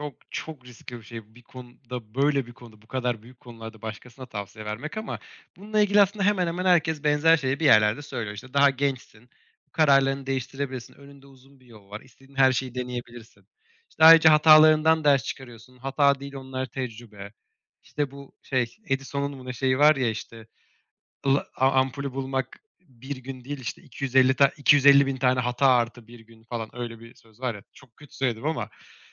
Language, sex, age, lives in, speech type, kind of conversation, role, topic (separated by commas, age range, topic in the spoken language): Turkish, male, 25-29, Germany, conversation, podcast, guest, Hayatında verdiğin en yanlış karardan ne öğrendin, anlatır mısın?